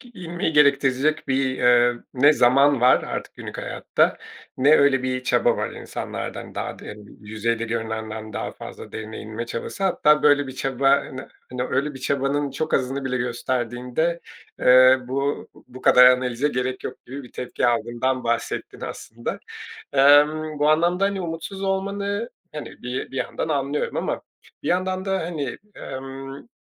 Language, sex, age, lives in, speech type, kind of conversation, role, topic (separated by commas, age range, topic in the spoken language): Turkish, male, 40-44, Portugal, conversation, podcast, host, İyi bir hikâyeye nasıl başlarsın ve sence ilk cümle ne kadar önemlidir?